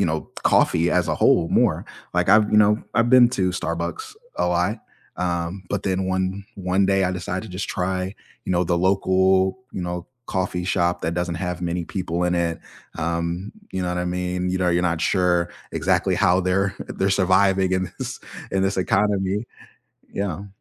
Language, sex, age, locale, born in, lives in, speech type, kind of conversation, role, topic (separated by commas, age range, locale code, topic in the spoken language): English, male, 25-29, en-US, United States, United States, conversation, unstructured, none, What do you love and dislike about coffee shop culture in your area, and which local spots are your favorites?